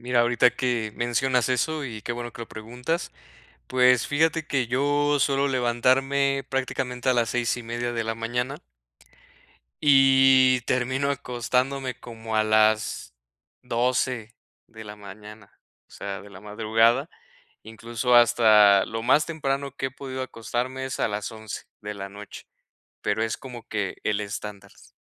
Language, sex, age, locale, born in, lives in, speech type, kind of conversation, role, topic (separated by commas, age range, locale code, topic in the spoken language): Spanish, male, 30-34, es-MX, Mexico, Mexico, conversation, advice, user, ¿Qué hábitos sencillos puedo adoptar para mejorar la calidad de mi sueño por la noche?